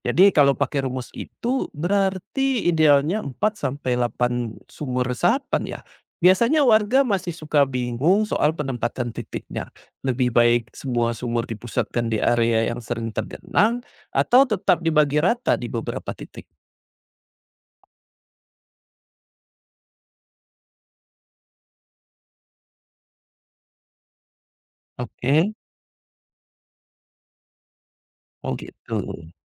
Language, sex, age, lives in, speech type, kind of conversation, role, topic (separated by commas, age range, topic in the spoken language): Indonesian, male, 40-44, Indonesia, read, expert-assistant, asker, Bagaimana Cara Efektif Mengatasi Banjir di Lingkungan Perkotaan?